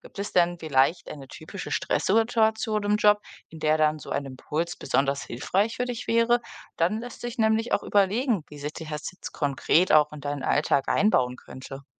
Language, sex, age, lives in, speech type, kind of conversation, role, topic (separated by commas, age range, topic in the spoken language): German, female, 25-29, Germany, read, expert-assistant, expert, Wie kann ich im Alltag gelassener mit Stress und Emotionen umgehen?